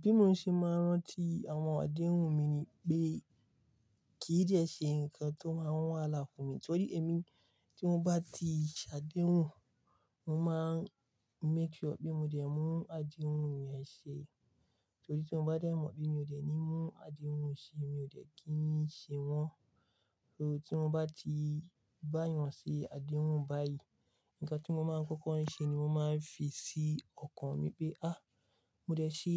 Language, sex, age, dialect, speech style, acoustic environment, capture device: Yoruba, male, 25-34, Nigeria - Kano, relaxed, silence, mobile / Linux / Chrome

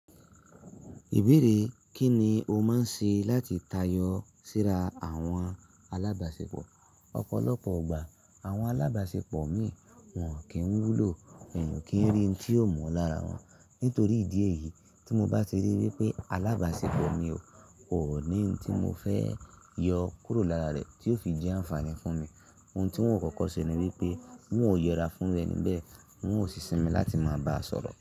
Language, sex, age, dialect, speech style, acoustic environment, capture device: Yoruba, male, 25-34, Nigeria - Ibadan, excited; relaxed; happy, silence; nature, mobile / Linux / Chrome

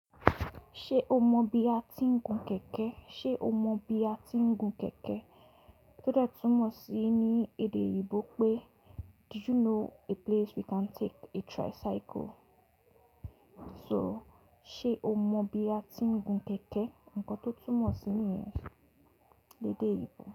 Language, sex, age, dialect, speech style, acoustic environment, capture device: Yoruba, female, 18-24, Nigeria - Lagos Yoruba, happy, silence, mobile / Linux / Chrome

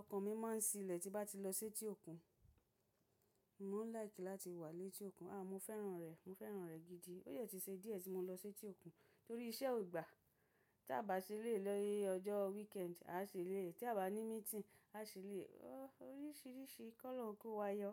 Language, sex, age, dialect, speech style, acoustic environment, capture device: Yoruba, female, 35-44, Nigeria - Lagos Yoruba, happy, silence, mobile / macOS / Safari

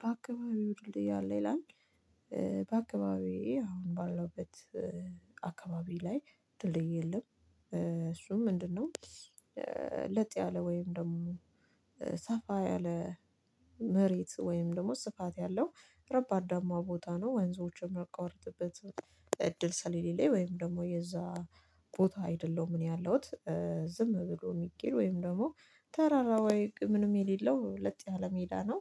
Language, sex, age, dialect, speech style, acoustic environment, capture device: Amharic, female, 25-34, Ethiopia - Addis Ababa, focused; relaxed, nature, mobile / Linux / Chrome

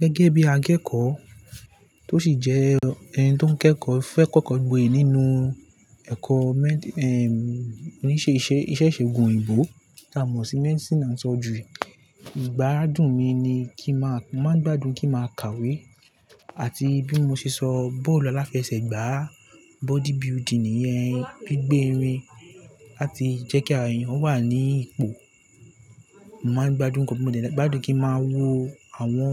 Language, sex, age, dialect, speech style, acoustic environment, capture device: Yoruba, male, 18-24, Nigeria - Lagos Yoruba, relaxed; focused, nature, desktop / Linux / Chrome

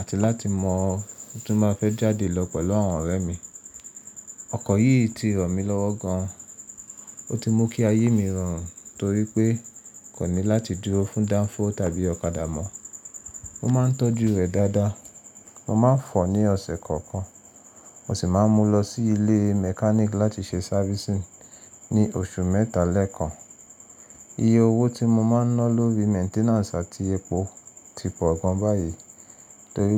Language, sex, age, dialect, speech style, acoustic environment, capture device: Yoruba, male, 25-34, Nigeria - Nigerian Standard, relaxed, silence, mobile / Linux / Chrome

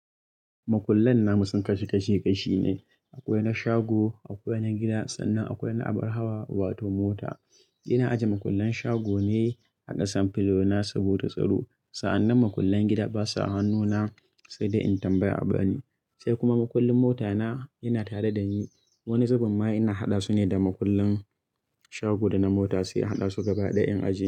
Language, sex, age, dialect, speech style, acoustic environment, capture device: Hausa, male, 25-34, Nigeria - Kano, happy; relaxed; excited; anxious, people talking; nature; silence; music, mobile / Linux / Chrome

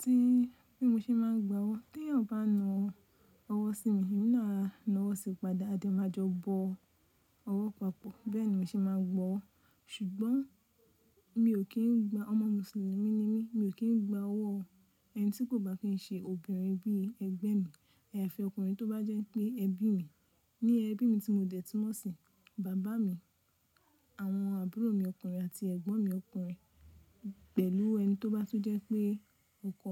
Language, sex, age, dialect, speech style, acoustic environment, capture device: Yoruba, female, 25-34, Nigeria - Nigerian Standard, focused, nature, mobile / Linux / Chrome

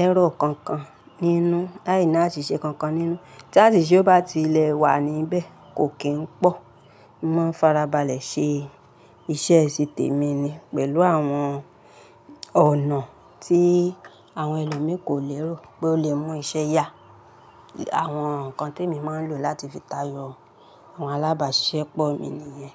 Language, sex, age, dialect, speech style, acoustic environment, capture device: Yoruba, female, 35-44, Nigeria - Ibadan, happy; relaxed, appliances; nature; people talking, mobile / Linux / Chrome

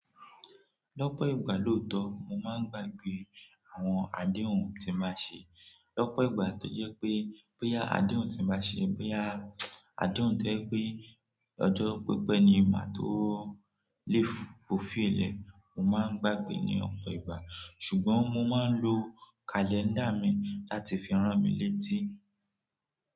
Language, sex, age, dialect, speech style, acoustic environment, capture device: Yoruba, male, 18-24, Nigeria - Nigerian Standard, relaxed; focused, nature; people talking, mobile / Android / Chrome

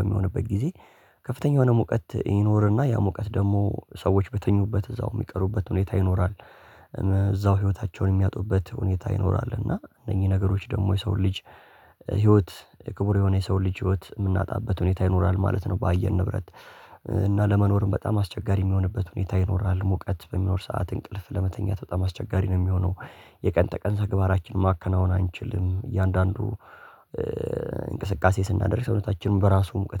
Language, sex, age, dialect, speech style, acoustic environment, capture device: Amharic, male, 18-24, Ethiopia - Addis Ababa, relaxed, nature, mobile / Linux / Chrome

